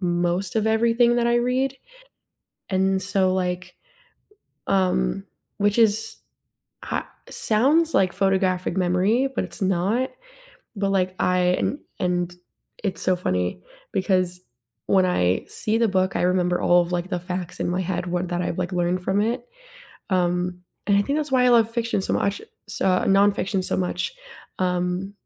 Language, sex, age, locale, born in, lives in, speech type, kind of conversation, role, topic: English, female, 20-24, en-US, United States, United States, conversation, unstructured, none, What hobby would you realistically love to start this year?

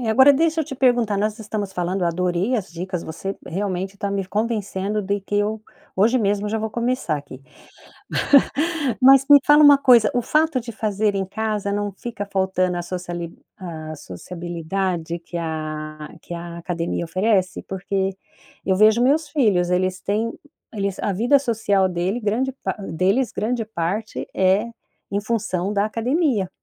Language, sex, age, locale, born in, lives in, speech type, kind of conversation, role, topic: Portuguese, female, 55-59, pt-BR, Brazil, United States, conversation, podcast, host, Que exercícios você recomendaria para quem tem pouco tempo?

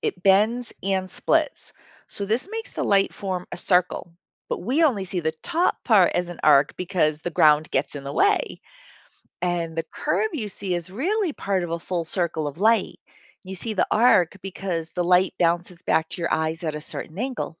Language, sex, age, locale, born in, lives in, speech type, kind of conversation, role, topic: English, female, 55-59, en-US, United States, United States, read, expert-assistant, expert, How does a rainbow form in the sky?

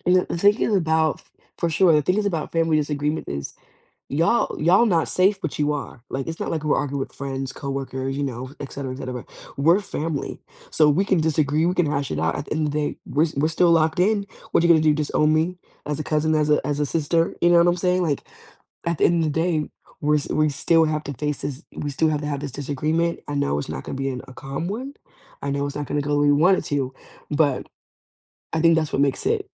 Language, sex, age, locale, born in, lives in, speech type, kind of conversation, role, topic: English, female, 20-24, en-US, United States, United States, conversation, unstructured, none, What do you do when family disagreements start to feel overwhelming?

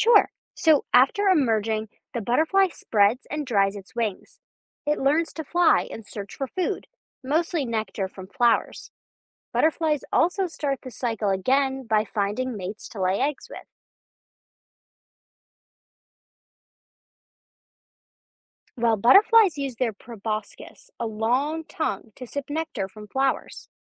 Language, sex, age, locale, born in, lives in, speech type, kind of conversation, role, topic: English, female, 20-24, en-US, United States, United States, read, expert-assistant, expert, What are the different stages of butterfly development?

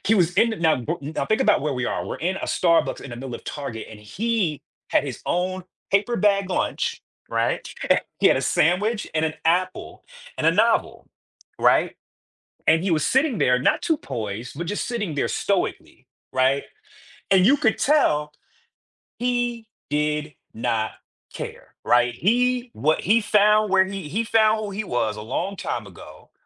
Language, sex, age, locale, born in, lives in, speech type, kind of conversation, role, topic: English, male, 40-44, en-US, United States, United States, conversation, podcast, guest, Why is it important to stay true to yourself, even when it’s difficult?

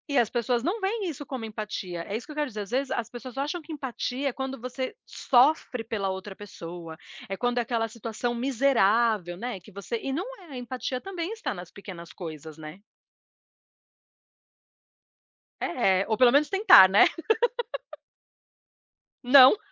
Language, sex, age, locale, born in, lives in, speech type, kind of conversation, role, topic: Portuguese, female, 40-44, pt-BR, Brazil, United States, conversation, unstructured, none, Qual é o papel da empatia na resolução de conflitos?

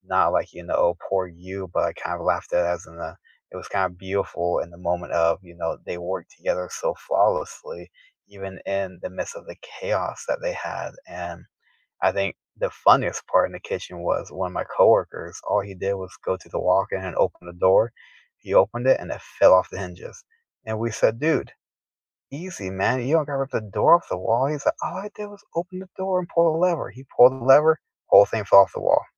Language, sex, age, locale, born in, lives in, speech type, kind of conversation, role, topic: English, male, 30-34, en-US, United States, United States, conversation, unstructured, none, What was the last moment that made you genuinely laugh out loud, and what does it reveal about your sense of humor?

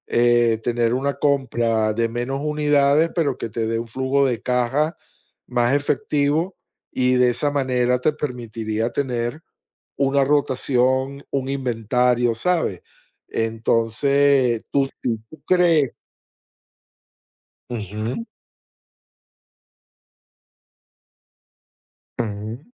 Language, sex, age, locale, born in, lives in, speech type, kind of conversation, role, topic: Spanish, male, 20-24, es-VE, United States, United States, conversation, unstructured, none, ¿Qué consejos darías para negociar con un vendedor?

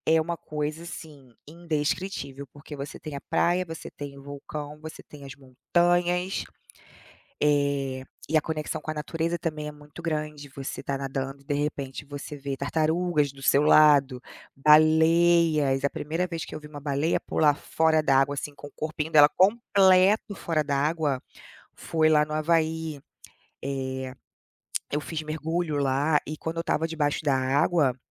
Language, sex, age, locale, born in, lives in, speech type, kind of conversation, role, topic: Portuguese, female, 35-39, pt-BR, Brazil, United States, conversation, unstructured, none, Qual destino te deixou mais feliz?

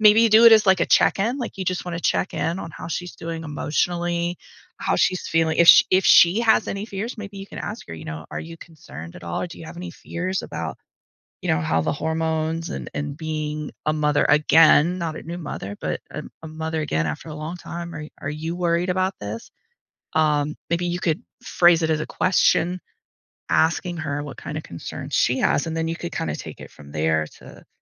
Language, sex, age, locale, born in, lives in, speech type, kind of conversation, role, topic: English, female, 40-44, en-US, United States, United States, conversation, advice, advisor, How can I prepare financially and emotionally for my first baby?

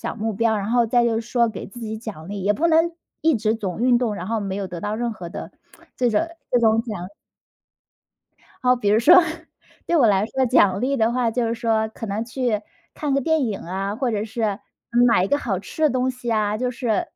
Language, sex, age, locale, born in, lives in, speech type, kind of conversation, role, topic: Chinese, female, 30-34, zh-CN, China, United States, conversation, podcast, guest, 你是怎么养成运动习惯的？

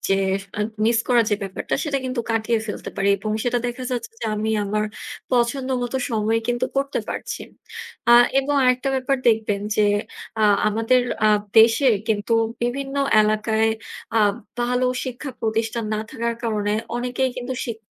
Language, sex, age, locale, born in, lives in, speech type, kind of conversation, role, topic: Bengali, female, 25-29, bn-BD, Bangladesh, Bangladesh, conversation, unstructured, none, আপনার মতে অনলাইন শিক্ষা আসলে কতটা কার্যকর?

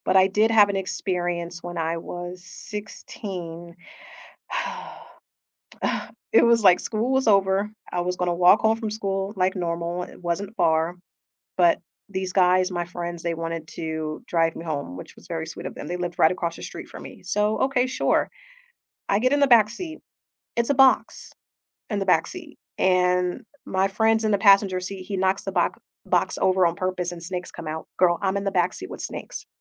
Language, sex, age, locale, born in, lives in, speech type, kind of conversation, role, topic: English, female, 30-34, en-US, United States, United States, conversation, unstructured, none, What is the strangest job you have ever had, and what did you learn from it?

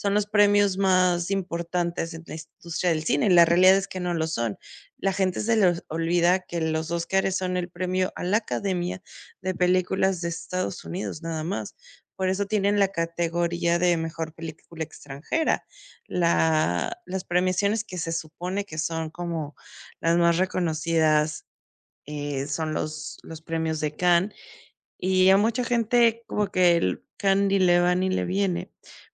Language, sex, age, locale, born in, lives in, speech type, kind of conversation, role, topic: Spanish, female, 35-39, es-MX, Mexico, Mexico, conversation, unstructured, none, ¿Crees que los premios de cine y televisión siempre reconocen el talento real?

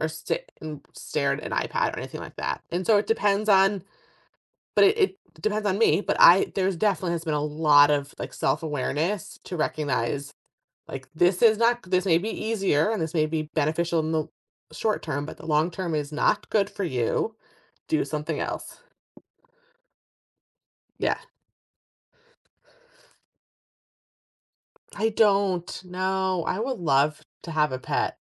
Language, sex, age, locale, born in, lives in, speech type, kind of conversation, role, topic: English, female, 35-39, en-US, United States, United States, conversation, podcast, guest, How do you find balance and unwind after a stressful day?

